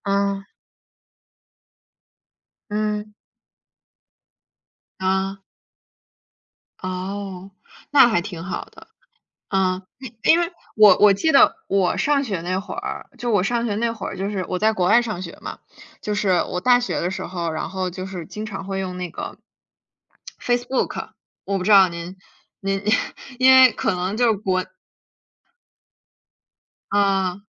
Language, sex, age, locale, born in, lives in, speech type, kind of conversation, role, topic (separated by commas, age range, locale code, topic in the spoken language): Chinese, female, 20-24, zh-CN, United States, United States, conversation, unstructured, none, 你觉得社交媒体会影响你的自我认同吗？